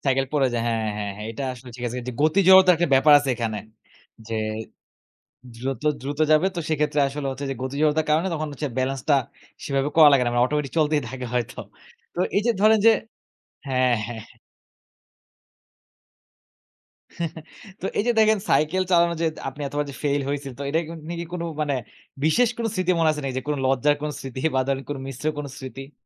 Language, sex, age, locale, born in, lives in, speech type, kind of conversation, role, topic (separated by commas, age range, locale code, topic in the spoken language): Bengali, male, 20-24, bn-BD, Bangladesh, Bangladesh, conversation, podcast, host, প্রথমবার বাইসাইকেল চালানো শেখার অভিজ্ঞতা আপনার কেমন ছিল?